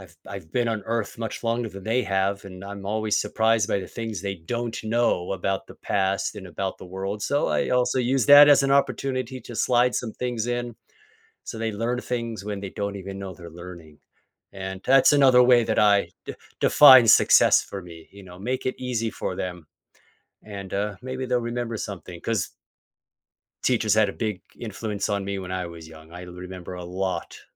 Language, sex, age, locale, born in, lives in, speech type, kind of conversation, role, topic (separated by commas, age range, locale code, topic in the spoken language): English, male, 50-54, en-US, United States, United States, conversation, podcast, guest, How do your values and experiences shape what success means to you?